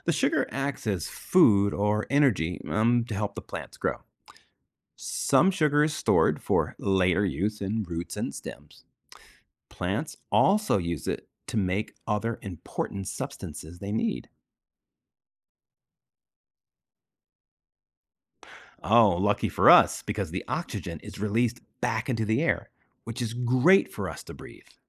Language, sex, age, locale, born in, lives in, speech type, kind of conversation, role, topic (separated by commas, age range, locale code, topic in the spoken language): English, male, 50-54, en-US, United States, United States, read, expert-assistant, expert, What is the process of photosynthesis in plants?